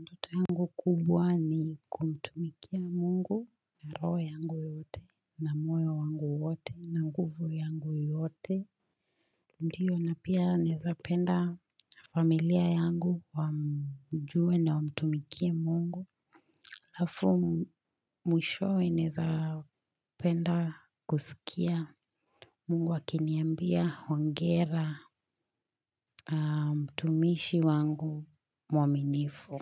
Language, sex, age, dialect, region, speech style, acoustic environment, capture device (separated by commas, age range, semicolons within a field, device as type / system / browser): Swahili, female, 25-34, Kenya - Nairobi Sheng-influenced, Kenya, happy, silence, mobile / Linux / Chrome